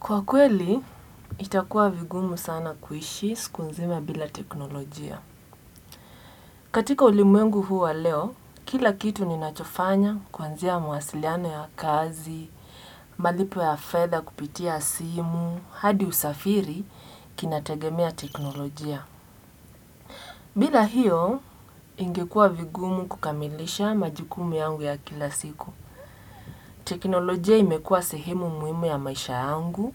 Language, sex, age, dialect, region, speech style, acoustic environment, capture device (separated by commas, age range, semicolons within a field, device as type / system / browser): Swahili, female, 18-24, Kenya - Mombasa, Kenya, relaxed; focused; excited; happy, nature, desktop / Windows / Edge